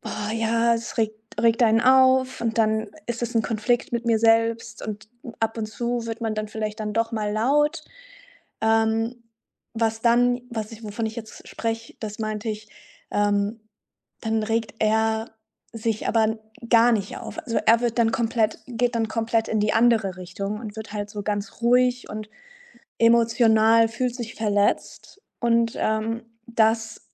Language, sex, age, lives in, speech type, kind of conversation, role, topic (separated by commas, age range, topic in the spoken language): German, female, 25-29, Germany, conversation, advice, user, Warum eskalieren meine Beziehungskonflikte immer wieder auf die gleiche Weise?